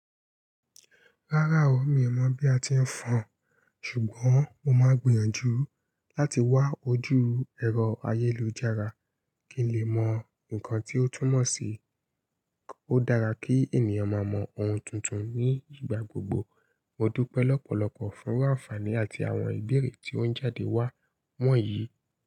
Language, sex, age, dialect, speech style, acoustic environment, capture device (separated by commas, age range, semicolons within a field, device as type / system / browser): Yoruba, female, 25-34, Nigeria - Ibadan, happy, nature, mobile / Linux / Chrome